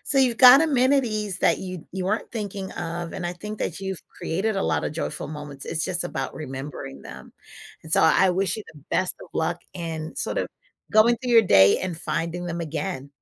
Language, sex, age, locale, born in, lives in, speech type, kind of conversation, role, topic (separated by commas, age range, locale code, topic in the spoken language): English, female, 40-44, en-US, United States, United States, conversation, advice, advisor, How can I create more joyful, lasting memories with my family and friends?